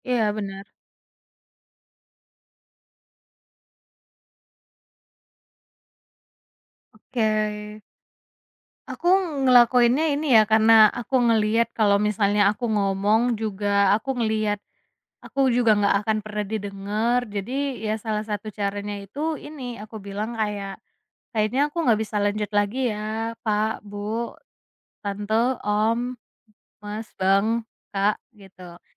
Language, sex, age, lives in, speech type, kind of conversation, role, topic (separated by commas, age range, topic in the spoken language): Indonesian, female, 25-29, Indonesia, conversation, podcast, guest, Pernah nggak kamu merasa nggak didengar? Ceritain dong?